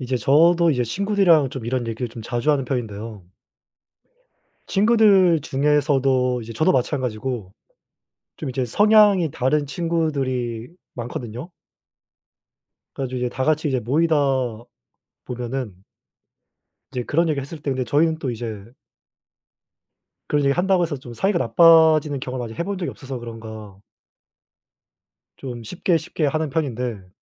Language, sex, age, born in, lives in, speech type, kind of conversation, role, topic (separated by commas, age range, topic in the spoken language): Korean, male, 20-24, South Korea, South Korea, conversation, unstructured, none, 요즘 사회 문제 중에서 가장 중요하다고 생각하는 것은 무엇인가요?